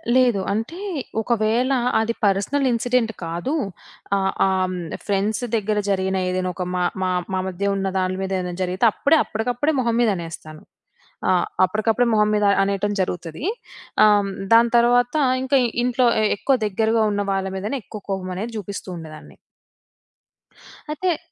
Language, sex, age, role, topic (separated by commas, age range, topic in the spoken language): Telugu, female, 25-29, guest, కోపం వచ్చేటప్పుడు ఎలా నియంత్రించుకుంటారు?